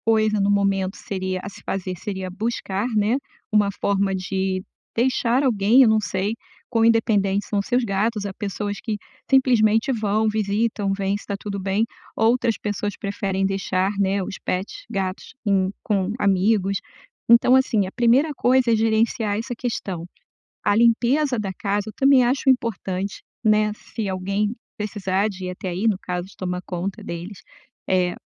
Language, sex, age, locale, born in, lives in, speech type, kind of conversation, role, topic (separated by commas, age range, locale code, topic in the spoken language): Portuguese, female, 55-59, pt-BR, Brazil, United States, conversation, advice, advisor, Como posso planejar minhas férias sem me sentir sobrecarregado?